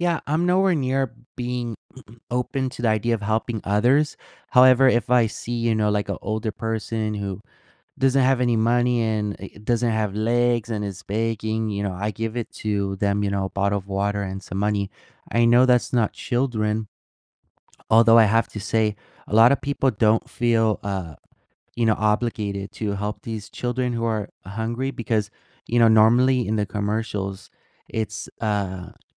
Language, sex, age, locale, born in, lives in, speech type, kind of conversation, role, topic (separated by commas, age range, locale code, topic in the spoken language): English, male, 20-24, en-US, United States, United States, conversation, unstructured, none, How does reading stories about child hunger make you feel?